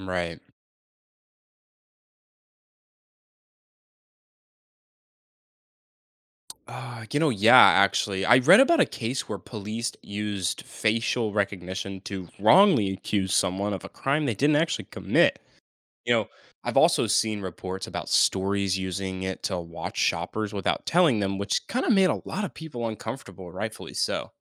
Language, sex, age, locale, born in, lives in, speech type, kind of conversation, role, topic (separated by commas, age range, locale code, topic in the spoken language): English, male, 18-19, en-US, United States, United States, read, expert-assistant, asker, What are the main ethical issues with facial recognition?